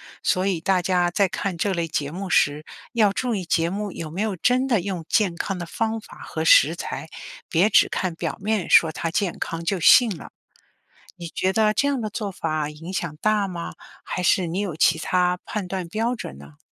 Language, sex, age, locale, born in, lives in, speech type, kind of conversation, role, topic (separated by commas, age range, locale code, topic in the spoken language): Chinese, female, 55-59, zh-CN, China, United States, read, expert-assistant, expert, 为什么有些人喜欢看美食节目？